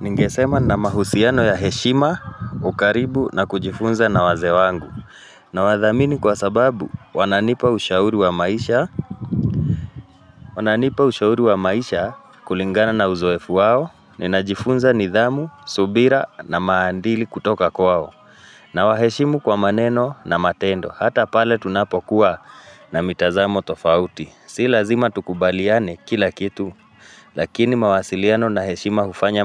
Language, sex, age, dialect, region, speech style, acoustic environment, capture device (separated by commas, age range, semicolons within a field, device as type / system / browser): Swahili, male, 35-44, Kenya - Nairobi Sheng-influenced, Kenya, focused; anxious, nature, mobile / Linux / Chrome